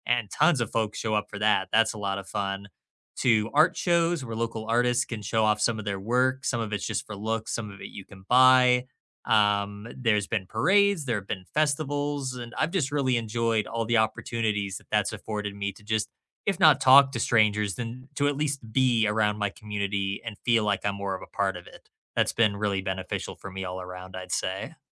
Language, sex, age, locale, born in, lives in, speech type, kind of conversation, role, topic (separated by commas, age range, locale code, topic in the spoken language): English, male, 25-29, en-US, United States, United States, conversation, unstructured, none, What people, places, and routines make you feel at home where you live, and why?